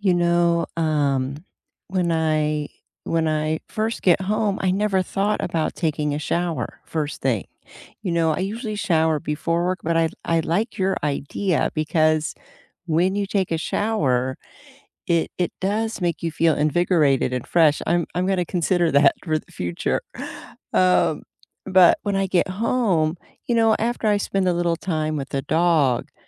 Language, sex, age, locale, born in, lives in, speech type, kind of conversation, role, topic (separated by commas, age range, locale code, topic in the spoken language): English, female, 55-59, en-US, United States, United States, conversation, unstructured, none, What personal rituals help you recharge after a long, draining day, and why do they work?